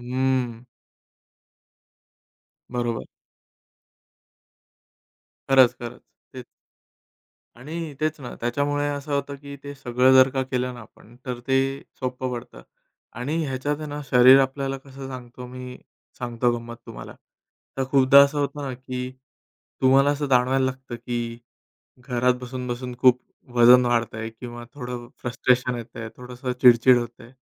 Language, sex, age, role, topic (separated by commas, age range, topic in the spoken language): Marathi, male, 30-34, guest, व्यायाम करताना शरीराचे संकेत कसे ऐकता आणि त्यानुसार व्यायामात कसा बदल करता?